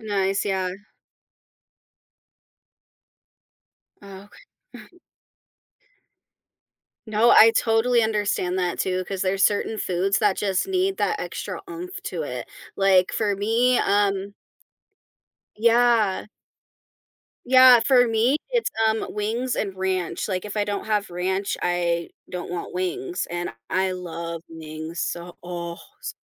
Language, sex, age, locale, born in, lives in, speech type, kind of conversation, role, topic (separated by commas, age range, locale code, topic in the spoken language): English, female, 25-29, en-US, United States, United States, conversation, podcast, host, How do our backgrounds and experiences shape what foods bring us comfort?